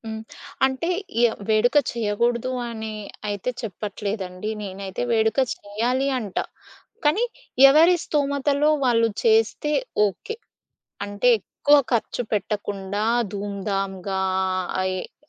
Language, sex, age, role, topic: Telugu, female, 30-34, guest, వేడుకలలో ఖర్చు చేయడం మరియు భవిష్యత్ కోసం పెట్టుబడి పెట్టడం మధ్య మీ విధానం ఏమిటి?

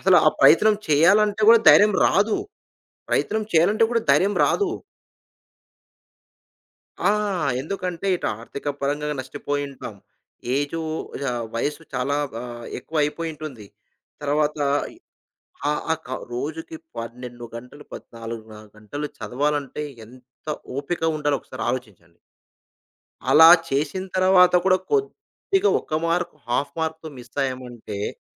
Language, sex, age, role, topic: Telugu, male, 35-39, guest, స్వయంకృషిలో విఫలమైన తర్వాత మళ్లీ ప్రయత్నించడానికి మీరు మీరే మిమ్మల్ని ఎలా ప్రేరేపించుకుంటారు?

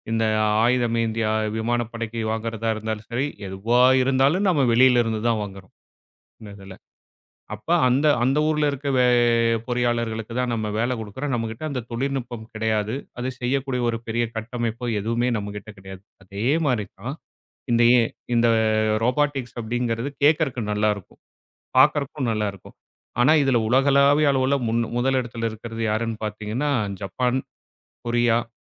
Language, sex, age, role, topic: Tamil, male, 35-39, guest, ரோபோட்டுகள் வேலைவாய்ப்புகளை எவ்வாறு மாற்றக்கூடும்?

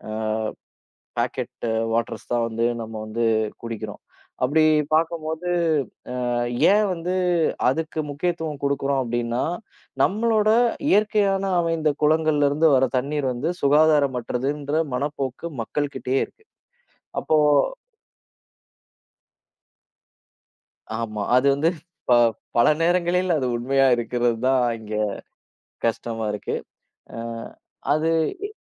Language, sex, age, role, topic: Tamil, male, 30-34, guest, ஒரு நீர்நிலையை பாதுகாப்பது ஏன் முக்கியம்?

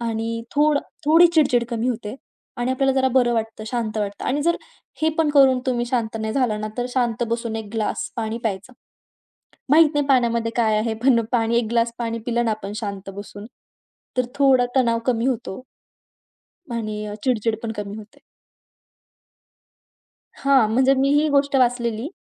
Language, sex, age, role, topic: Marathi, female, 20-24, guest, घरातील लहान ताणतणाव मिटवण्यासाठी तुम्ही काय करता?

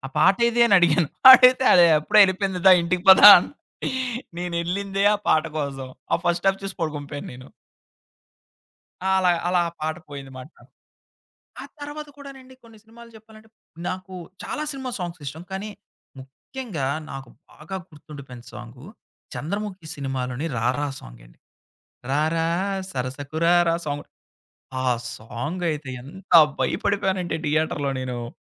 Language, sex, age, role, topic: Telugu, male, 30-34, guest, పాత సినిమా పాటలు వింటే మీకు ఏ ఏ జ్ఞాపకాలు మళ్లీ గుర్తుకొస్తాయి?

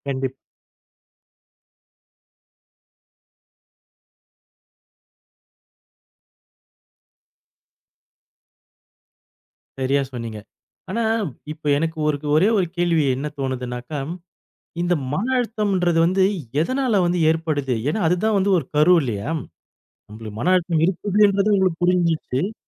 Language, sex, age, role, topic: Tamil, male, 40-44, host, மனஅழுத்தம் ஏற்பட்டதும் நீங்கள் முதலில் என்ன செய்கிறீர்கள்?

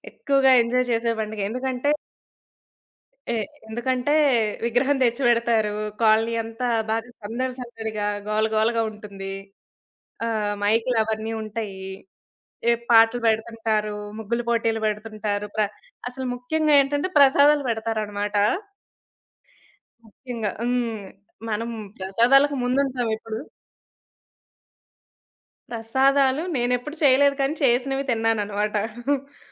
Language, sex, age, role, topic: Telugu, female, 20-24, guest, మీరు పండగలను ఎలా జరుపుకుంటారు?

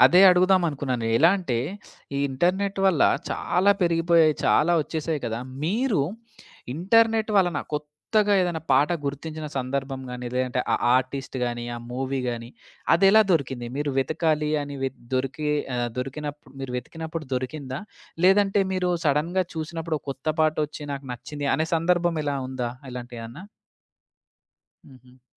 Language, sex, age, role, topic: Telugu, male, 25-29, host, ఇంటర్నెట్ మీకు కొత్త సంగీతాన్ని కనుగొనటానికి ఎంతవరకు సహాయపడింది?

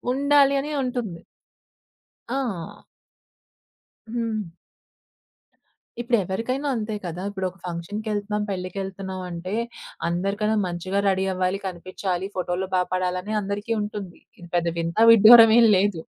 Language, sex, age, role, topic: Telugu, female, 25-29, guest, మీ వ్యక్తిగత శైలి కాలక్రమంలో ఎలా మారింది?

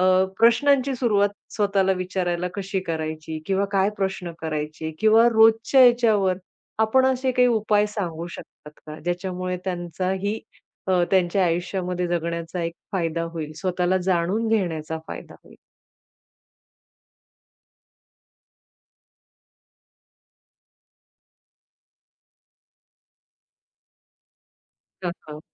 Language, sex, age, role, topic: Marathi, female, 40-44, host, स्वतःला अधिक चांगले ओळखून घेण्यासाठी तुम्ही स्वतःला कोणते प्रश्न विचारता?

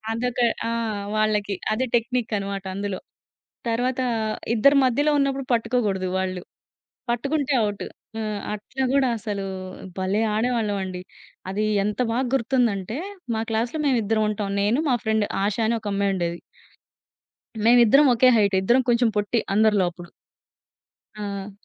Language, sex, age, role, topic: Telugu, female, 30-34, guest, చిన్నప్పుడు నిన్ను ఎక్కువగా ఆకట్టుకున్న ఆట ఏది?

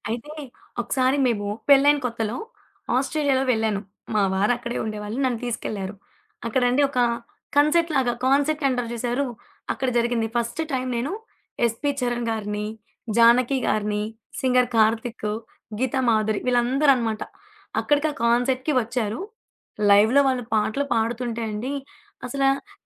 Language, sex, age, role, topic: Telugu, female, 20-24, guest, మీరు కొత్త పాటలను కనుగొనే అత్యంత సులభమైన మార్గం ఏమిటి?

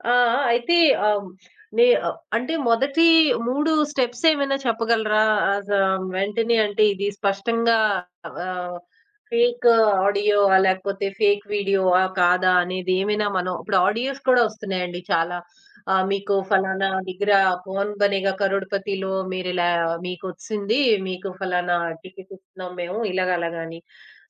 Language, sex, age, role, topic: Telugu, female, 20-24, host, నకిలీ వార్తలను గుర్తించడానికి మీరు సాధారణంగా ఏ పద్ధతి అనుసరిస్తారు?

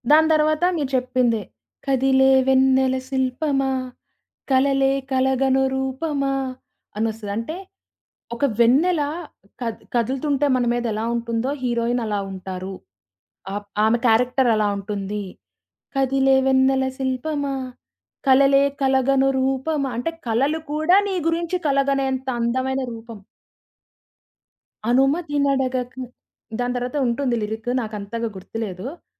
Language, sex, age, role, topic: Telugu, female, 20-24, guest, ఒక పాట మీ హృదయానికి ఎందుకు అంతగా దగ్గరవుతుంది?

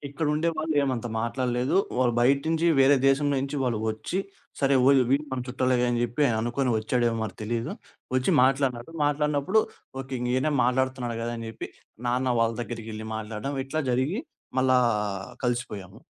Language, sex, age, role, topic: Telugu, male, 20-24, guest, మానసిక గాయాల నుంచి మీరు ఎలా కోలుకుంటారు?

